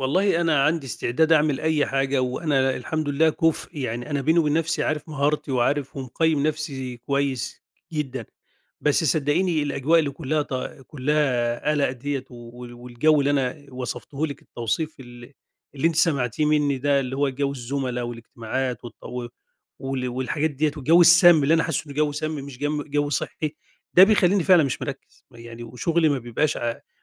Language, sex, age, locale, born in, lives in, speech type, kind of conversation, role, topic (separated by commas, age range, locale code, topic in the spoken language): Arabic, male, 50-54, ar-EG, Egypt, Egypt, conversation, advice, user, إزاي أتعامل مع الانقطاعات المتكررة في الشغل بسبب الزملاء أو الاجتماعات؟